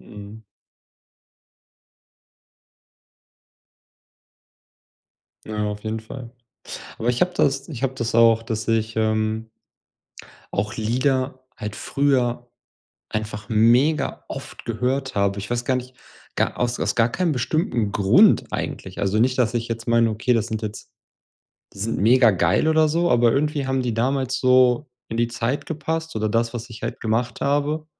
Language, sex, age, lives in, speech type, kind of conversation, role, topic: German, male, 30-34, Germany, conversation, unstructured, none, Welche Musik weckt bei dir sofort Erinnerungen?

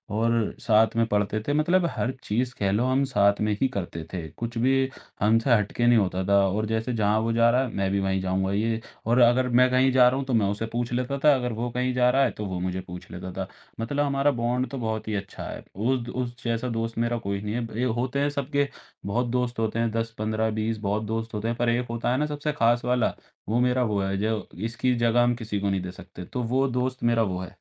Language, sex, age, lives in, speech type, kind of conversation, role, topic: Hindi, male, 18-19, India, conversation, podcast, guest, Daily ghar ko jaldi se saaf-suthra rakhne ka tumhara routine kya hota hai?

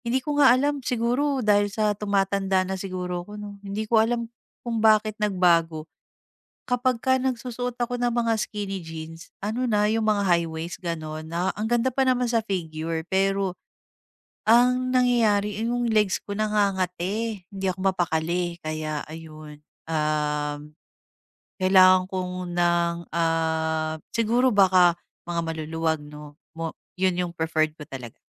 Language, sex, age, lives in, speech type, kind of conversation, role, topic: Filipino, female, 35-39, Philippines, conversation, advice, user, Paano ako makakabili ng magandang damit nang hindi gumagastos ng malaki?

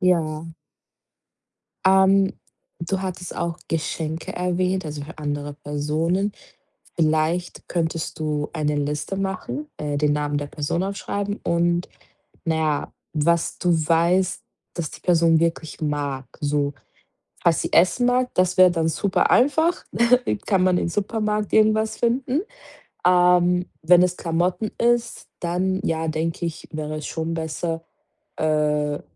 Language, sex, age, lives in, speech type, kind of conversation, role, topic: German, female, 25-29, Portugal, conversation, advice, advisor, Wie finde ich trotz Zeitmangel passende Kleidung und Geschenke?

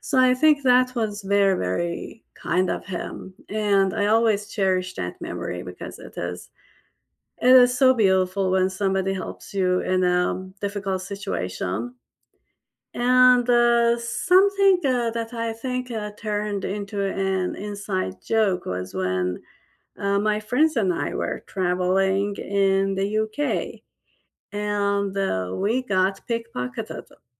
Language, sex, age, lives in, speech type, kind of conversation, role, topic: English, female, 45-49, United States, conversation, unstructured, none, Which cherished memory do you love retelling with friends or family, and why?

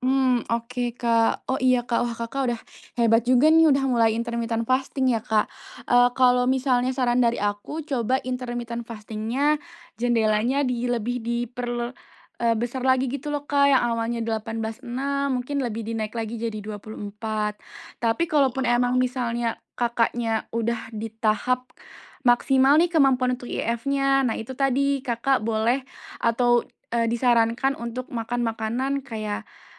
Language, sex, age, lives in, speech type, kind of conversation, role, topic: Indonesian, female, 25-29, Indonesia, conversation, advice, advisor, Bagaimana cara membiasakan makan sehat jika saya sulit mempertahankan kebiasaan makan sehat?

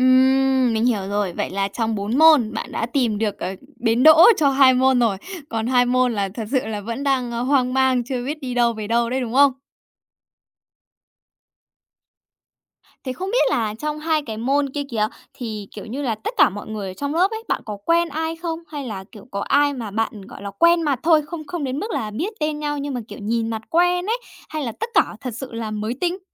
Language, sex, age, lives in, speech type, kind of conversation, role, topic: Vietnamese, female, 30-34, Japan, conversation, advice, advisor, Làm sao vượt qua nỗi sợ bị từ chối khi chủ động kết nối với người quen mới?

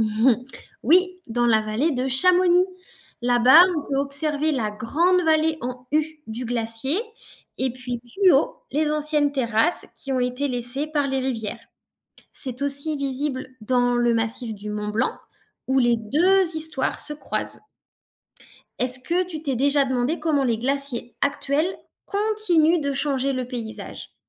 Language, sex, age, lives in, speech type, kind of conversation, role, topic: French, female, 35-39, France, read, expert-assistant, expert, Les paysages formés par les glaciers sont-ils plus spectaculaires que ceux façonnés par les rivières ?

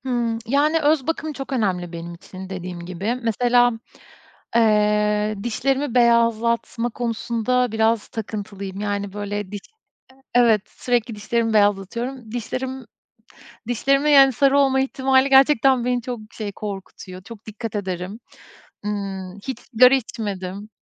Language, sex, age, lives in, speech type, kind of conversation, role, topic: Turkish, female, 35-39, Estonia, conversation, podcast, guest, Özgüvenini artıran bir kıyafetin ya da ritüelin var mı?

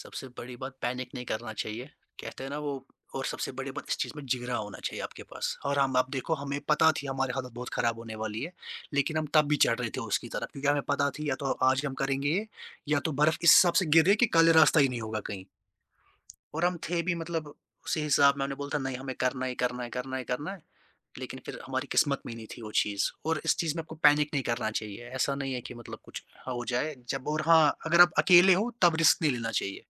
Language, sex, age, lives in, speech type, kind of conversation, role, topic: Hindi, male, 20-24, India, conversation, podcast, guest, Kya koi aisa simple travel tip hai jo tumne hard way se seekha?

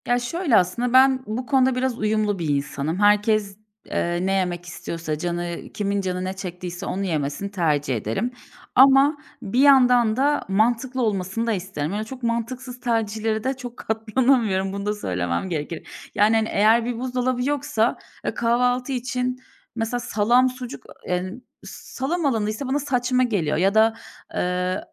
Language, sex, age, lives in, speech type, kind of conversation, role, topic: Turkish, female, 30-34, Bulgaria, conversation, podcast, guest, Kamp yemeklerini pratik hale getirmenin yöntemleri nelerdir?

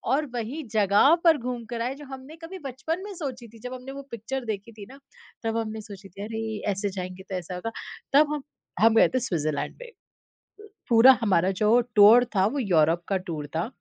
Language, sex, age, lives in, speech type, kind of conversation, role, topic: Hindi, female, 30-34, India, conversation, podcast, guest, क्या विदेश में कभी किसी यात्री ने आपको अपने घर बुलाया है?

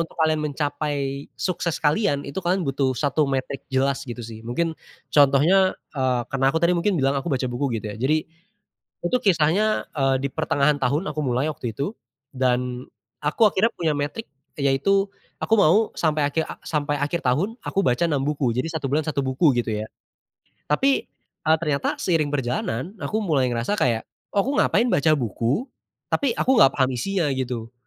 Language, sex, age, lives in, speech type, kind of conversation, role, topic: Indonesian, male, 20-24, Indonesia, conversation, podcast, guest, Apa arti sukses menurutmu sekarang, dan apakah berbeda dari dulu?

